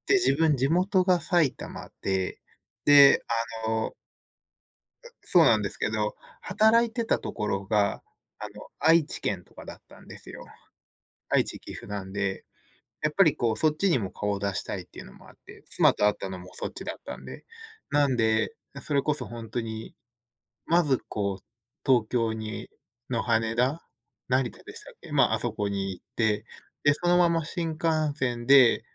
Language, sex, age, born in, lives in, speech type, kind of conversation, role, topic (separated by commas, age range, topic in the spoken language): Japanese, male, 30-34, Japan, United States, conversation, unstructured, none, 家族とは普段どのようにコミュニケーションを取っていますか？